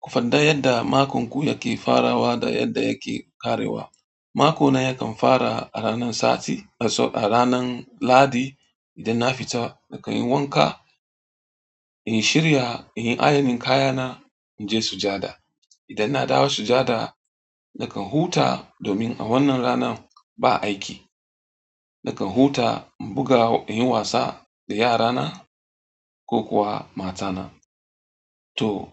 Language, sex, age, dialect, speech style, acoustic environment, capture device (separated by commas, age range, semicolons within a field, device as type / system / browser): Hausa, male, 35-44, Nigeria - Katsina, happy, silence, mobile / Android / Chrome